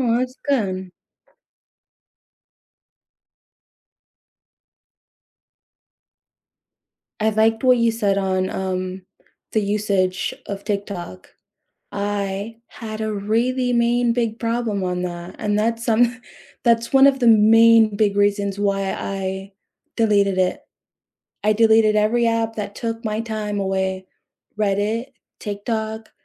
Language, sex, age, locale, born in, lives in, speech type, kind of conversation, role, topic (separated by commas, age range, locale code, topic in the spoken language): English, female, 25-29, en-US, United States, United States, conversation, unstructured, none, What could you cut or simplify in your personal life to make space for what you most want to pursue next?